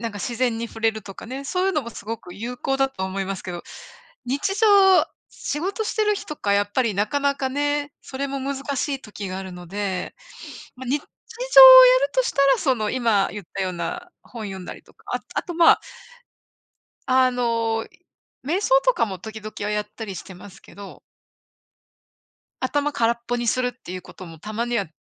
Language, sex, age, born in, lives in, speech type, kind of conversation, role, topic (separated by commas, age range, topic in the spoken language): Japanese, female, 55-59, Japan, United States, conversation, unstructured, none, あなたが普段、いちばんリラックスできる方法は何ですか？